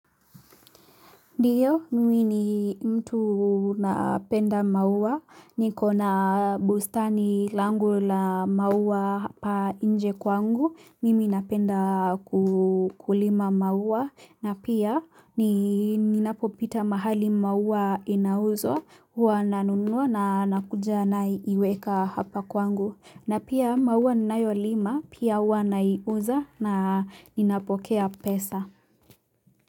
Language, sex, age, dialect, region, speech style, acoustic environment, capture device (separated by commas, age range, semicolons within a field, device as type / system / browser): Swahili, female, 18-24, Kenya - Nairobi Sheng-influenced, Kenya, relaxed, silence, mobile / Linux / Chrome